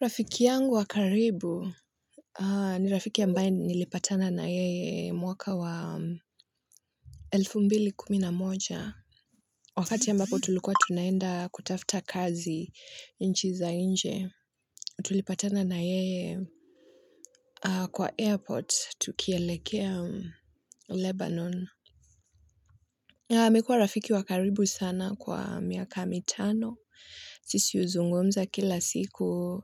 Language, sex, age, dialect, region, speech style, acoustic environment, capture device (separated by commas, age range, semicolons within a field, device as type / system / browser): Swahili, female, 25-34, Kenya - Nairobi Sheng-influenced, Kenya, happy; excited; focused, silence; nature, mobile / Linux / Chrome